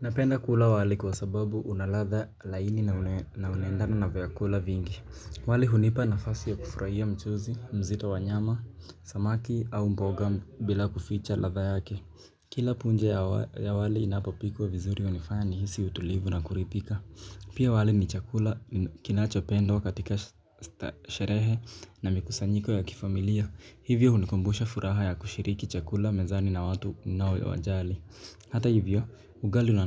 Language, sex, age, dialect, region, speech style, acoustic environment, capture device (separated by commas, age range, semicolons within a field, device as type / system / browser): Swahili, male, 18-24, Kenya - Mombasa, Kenya, focused, silence, mobile / Linux / Chrome